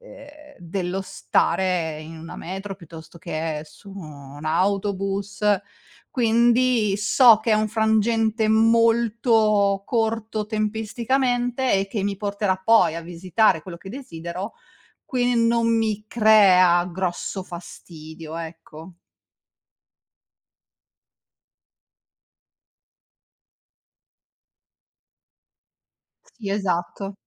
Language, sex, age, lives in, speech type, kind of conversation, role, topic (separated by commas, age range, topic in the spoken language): Italian, female, 40-44, Italy, conversation, advice, user, Come descriveresti lo stress e il disagio che provi nelle situazioni sociali affollate?